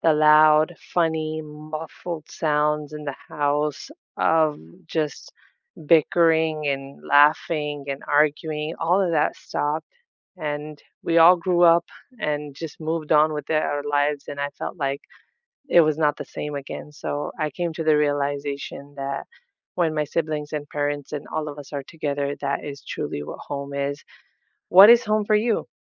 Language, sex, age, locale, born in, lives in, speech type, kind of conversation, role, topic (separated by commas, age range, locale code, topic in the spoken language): English, female, 30-34, en-US, United States, United States, conversation, unstructured, none, What place truly feels like home to you, and what makes it feel that way?